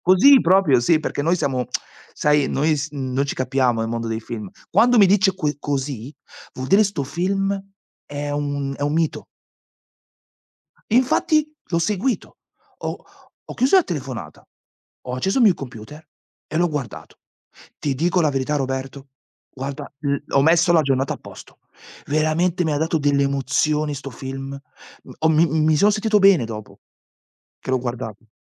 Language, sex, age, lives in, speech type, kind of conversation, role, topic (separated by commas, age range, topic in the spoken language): Italian, male, 40-44, Italy, conversation, unstructured, none, Come scegli un film da guardare quando hai tempo libero?